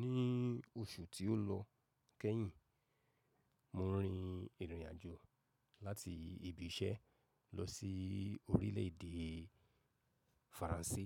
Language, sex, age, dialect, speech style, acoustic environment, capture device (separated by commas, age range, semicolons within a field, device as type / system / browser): Yoruba, male, 35-44, Nigeria - Lagos Yoruba, focused; relaxed, silence, mobile / macOS / Safari